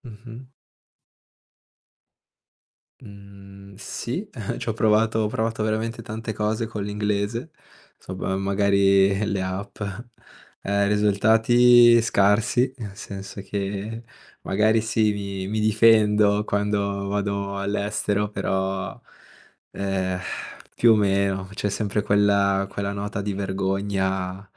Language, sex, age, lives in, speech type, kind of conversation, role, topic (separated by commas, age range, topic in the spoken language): Italian, male, 25-29, Italy, conversation, podcast, guest, Come hai fatto a mantenere viva la lingua dei tuoi genitori?